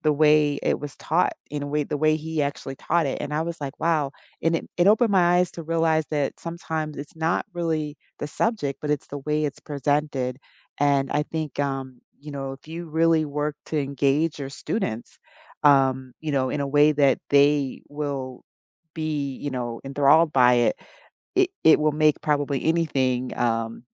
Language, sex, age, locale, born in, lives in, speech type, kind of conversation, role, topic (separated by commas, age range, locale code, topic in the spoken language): English, female, 50-54, en-US, United States, United States, conversation, unstructured, none, Which class did you expect to dislike but ended up loving, and what changed your mind?